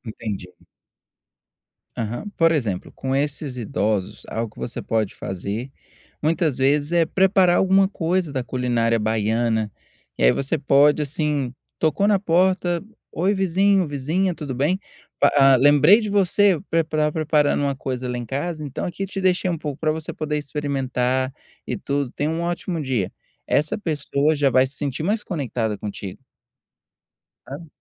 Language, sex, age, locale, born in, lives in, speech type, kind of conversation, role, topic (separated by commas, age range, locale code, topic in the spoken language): Portuguese, male, 30-34, pt-BR, Brazil, United States, conversation, advice, advisor, Como posso apoiar mudanças culturais e a inclusão social na minha comunidade?